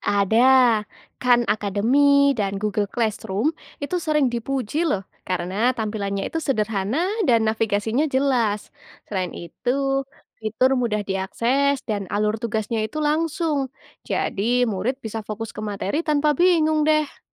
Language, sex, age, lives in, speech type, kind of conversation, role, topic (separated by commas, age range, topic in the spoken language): Indonesian, female, 20-24, Indonesia, read, expert-assistant, expert, Bagaimana cara membedakan info terpercaya dan tidak tentang teknologi pendidikan di internet?